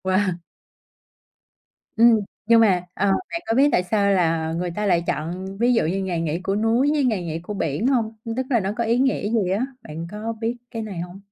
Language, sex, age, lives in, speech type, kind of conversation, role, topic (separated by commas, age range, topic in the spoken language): Vietnamese, female, 30-34, Vietnam, conversation, podcast, host, Bạn xử lý cảm giác cô đơn khi ở một mình như thế nào?